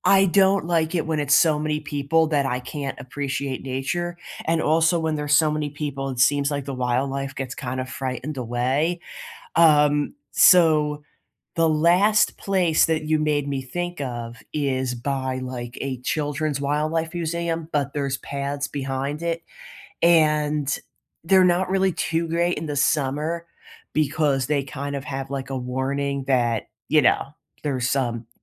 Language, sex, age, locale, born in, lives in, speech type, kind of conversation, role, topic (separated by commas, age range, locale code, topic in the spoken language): English, female, 30-34, en-US, United States, United States, conversation, unstructured, none, What are your favorite scenic walking or biking routes around here?